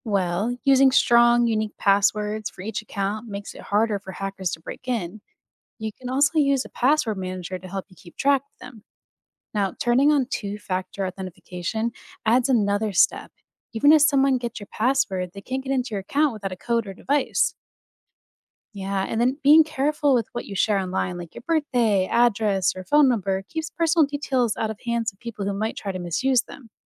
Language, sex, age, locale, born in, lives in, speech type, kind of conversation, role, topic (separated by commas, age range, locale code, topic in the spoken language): English, female, 30-34, en-US, United States, United States, read, expert-assistant, expert, Why is online privacy important?